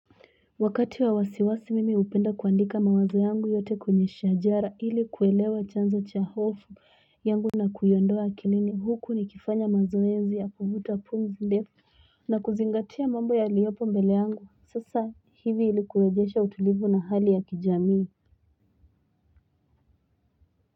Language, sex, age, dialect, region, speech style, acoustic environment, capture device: Swahili, female, 18-24, Kenya - Mombasa, Kenya, happy, silence, mobile / Linux / Chrome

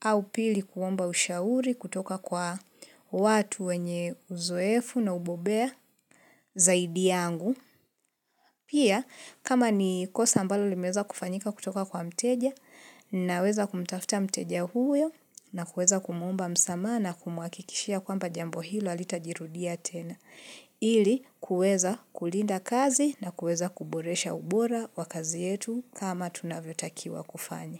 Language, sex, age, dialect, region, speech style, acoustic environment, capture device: Swahili, female, 25-34, Kenya - Nairobi Sheng-influenced, Kenya, nervous; focused, silence, mobile / Linux / Chrome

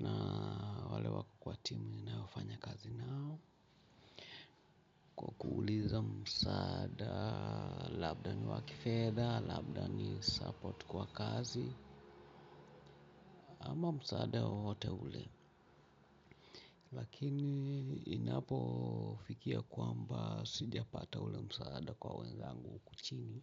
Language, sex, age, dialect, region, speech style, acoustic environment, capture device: Swahili, male, 45-54, Kenya - Mombasa, Kenya, happy, people talking; music; traffic, mobile / Linux / Chrome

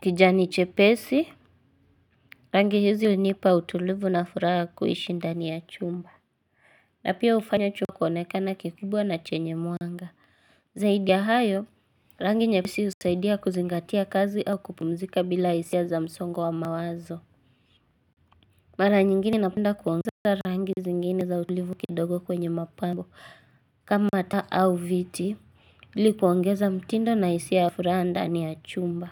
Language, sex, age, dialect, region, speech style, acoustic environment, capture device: Swahili, female, 18-24, Kenya - Nairobi Sheng-influenced, Kenya, happy, silence, mobile / Linux / Chrome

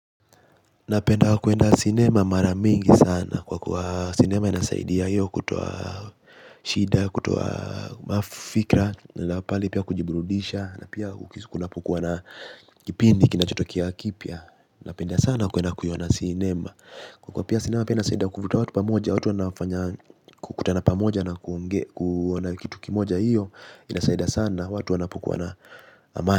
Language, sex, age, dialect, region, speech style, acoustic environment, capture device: Swahili, male, 18-24, Kenya - Mombasa, Kenya, relaxed, silence, mobile / Linux / Chrome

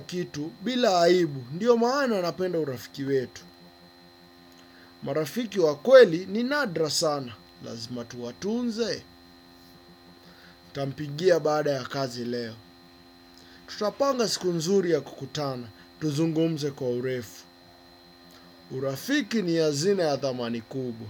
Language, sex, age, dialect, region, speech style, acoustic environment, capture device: Swahili, male, 25-34, Kenya - Nairobi Sheng-influenced, Kenya, focused, nature, desktop / Windows / Chrome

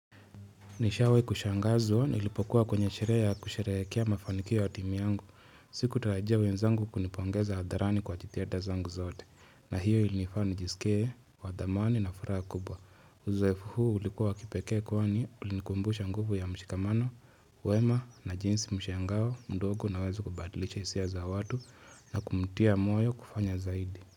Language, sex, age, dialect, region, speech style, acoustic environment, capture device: Swahili, male, 25-34, Kenya - Nairobi Sheng-influenced, Kenya, relaxed, nature, mobile / Linux / Chrome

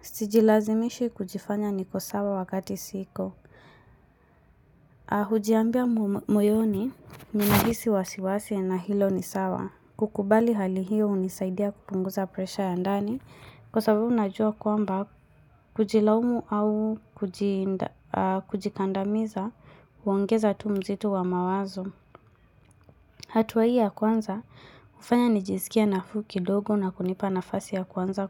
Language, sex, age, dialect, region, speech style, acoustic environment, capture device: Swahili, female, 25-34, Kenya - Mombasa, Kenya, focused, silence, mobile / Linux / Chrome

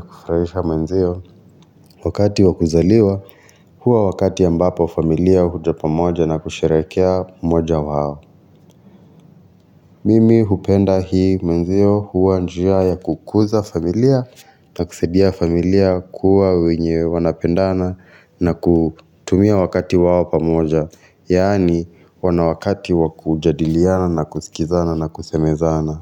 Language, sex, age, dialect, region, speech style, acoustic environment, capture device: Swahili, male, 25-34, Kenya - Nairobi Sheng-influenced, Kenya, relaxed, silence; construction, mobile / Linux / Chrome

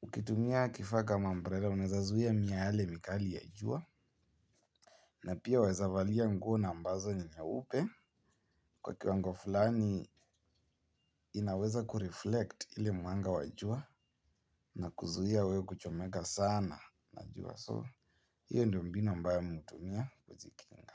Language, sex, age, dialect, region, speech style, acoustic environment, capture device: Swahili, male, 18-24, Kenya - Nairobi Sheng-influenced, Kenya, happy, people talking; nature, mobile / Linux / Chrome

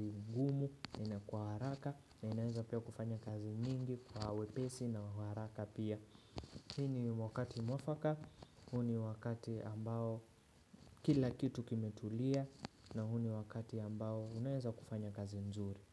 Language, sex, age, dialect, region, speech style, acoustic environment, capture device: Swahili, male, 25-34, Kenya - Nairobi Sheng-influenced, Kenya, relaxed; focused, nature; silence, desktop / Windows / Chrome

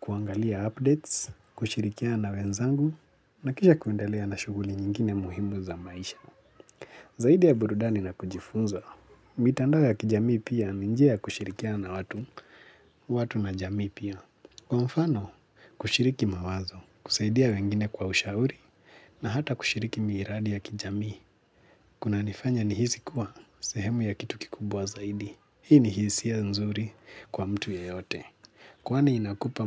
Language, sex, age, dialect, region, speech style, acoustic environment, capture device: Swahili, male, 25-34, Kenya - Nairobi Sheng-influenced, Kenya, relaxed, silence; nature, mobile / Linux / Chrome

